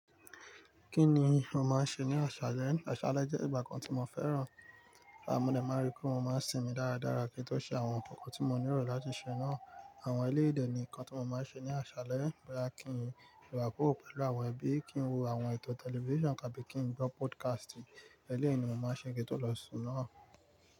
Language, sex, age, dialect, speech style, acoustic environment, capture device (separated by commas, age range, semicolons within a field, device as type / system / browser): Yoruba, male, 25-34, Nigeria - Nigerian Standard, focused, nature, mobile / Linux / Chrome